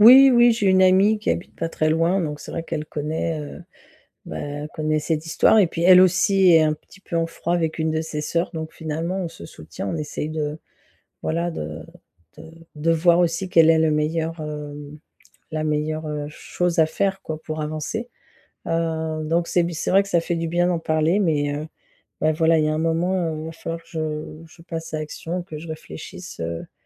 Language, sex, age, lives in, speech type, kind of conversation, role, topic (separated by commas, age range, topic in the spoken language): French, female, 50-54, France, conversation, advice, user, Comment parler aux autres du malaise que je ressens quand je me sens exclu(e) lors de fêtes et de célébrations ?